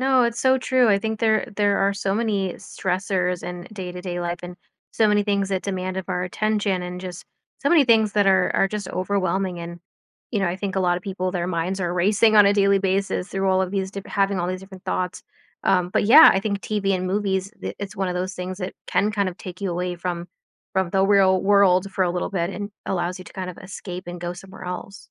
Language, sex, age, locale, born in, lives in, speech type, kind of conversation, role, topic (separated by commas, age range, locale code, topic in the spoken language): English, female, 30-34, en-US, United States, United States, conversation, podcast, host, What draws people in and keeps them watching a TV show episode after episode?